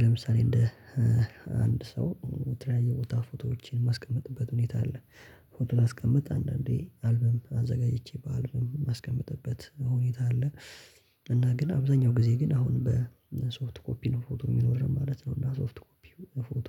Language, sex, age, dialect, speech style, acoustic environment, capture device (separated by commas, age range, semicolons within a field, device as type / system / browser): Amharic, male, 18-24, Ethiopia - Addis Ababa, happy, silence, mobile / Linux / Chrome